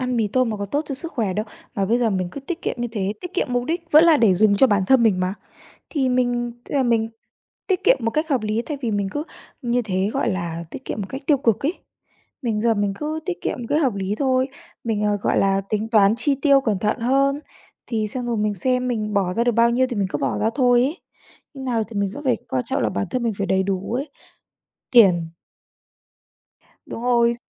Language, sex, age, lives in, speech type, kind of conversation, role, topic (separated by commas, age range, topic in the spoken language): Vietnamese, female, 20-24, Vietnam, conversation, unstructured, none, Bạn thường tự thưởng cho bản thân như thế nào khi tiết kiệm được tiền?